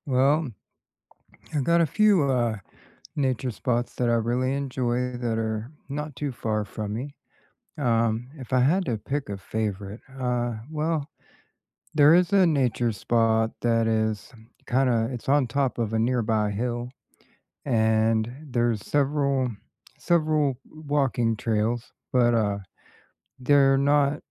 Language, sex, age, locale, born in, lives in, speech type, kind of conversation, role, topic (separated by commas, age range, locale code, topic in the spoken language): English, male, 40-44, en-US, United States, United States, conversation, unstructured, none, What is your favorite nearby nature spot for unplugging?